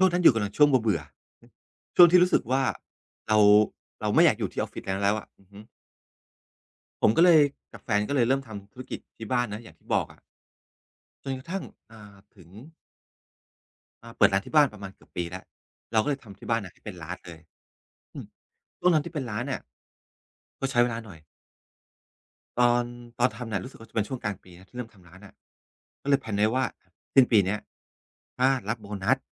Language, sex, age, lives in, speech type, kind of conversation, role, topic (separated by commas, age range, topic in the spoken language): Thai, male, 45-49, Thailand, conversation, podcast, guest, อะไรคือสัญญาณที่บอกว่าคุณควรก้าวออกจากพื้นที่ปลอดภัยและลองเสี่ยงดู?